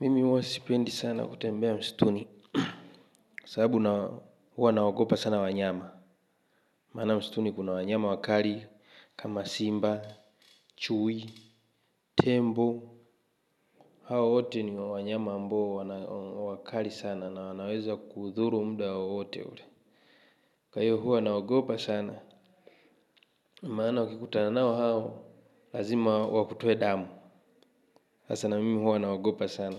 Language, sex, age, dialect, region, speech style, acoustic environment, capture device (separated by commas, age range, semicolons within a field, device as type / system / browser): Swahili, male, 25-34, Tanzania - Dar es Salaam, Tanzania, happy, traffic, mobile / Linux / Chrome